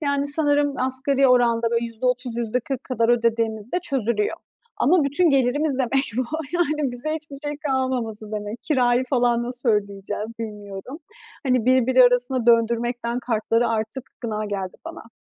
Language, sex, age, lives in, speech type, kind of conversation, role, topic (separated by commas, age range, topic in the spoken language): Turkish, female, 30-34, Estonia, conversation, advice, user, Kredi kartı borçları yüzünden bunalmış hissediyor musunuz?